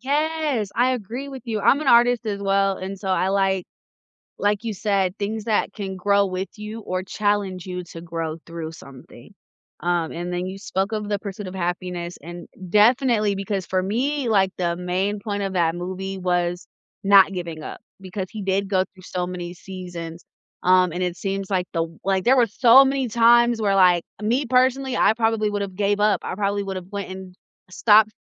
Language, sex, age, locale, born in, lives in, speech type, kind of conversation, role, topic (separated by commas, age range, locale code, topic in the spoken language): English, female, 30-34, en-US, United States, United States, conversation, unstructured, none, What is a movie or book that challenged the way you think?